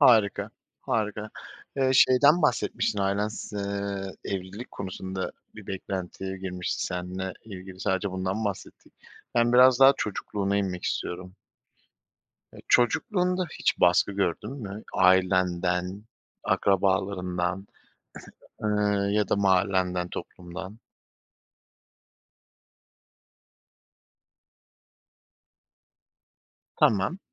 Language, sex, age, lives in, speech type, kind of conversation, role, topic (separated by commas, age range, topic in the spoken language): Turkish, male, 30-34, Poland, conversation, podcast, host, Ailenin beklentileriyle kendi hedeflerini nasıl dengelersin?